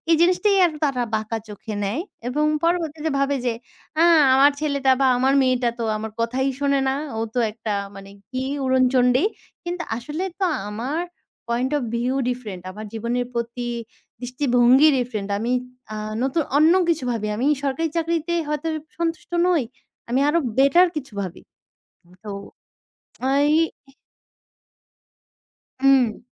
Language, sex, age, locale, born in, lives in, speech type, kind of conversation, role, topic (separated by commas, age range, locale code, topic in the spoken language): Bengali, female, 20-24, bn-BD, Bangladesh, Bangladesh, conversation, unstructured, none, তোমার জীবনে পরিবারের সমর্থন কীভাবে প্রভাব ফেলে?